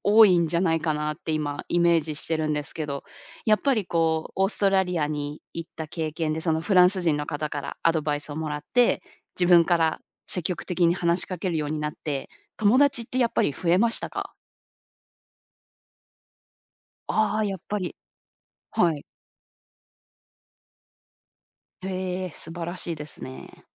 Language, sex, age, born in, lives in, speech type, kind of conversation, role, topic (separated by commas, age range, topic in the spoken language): Japanese, female, 30-34, Japan, United States, conversation, podcast, host, コミュニティに参加する不安をどう乗り越える？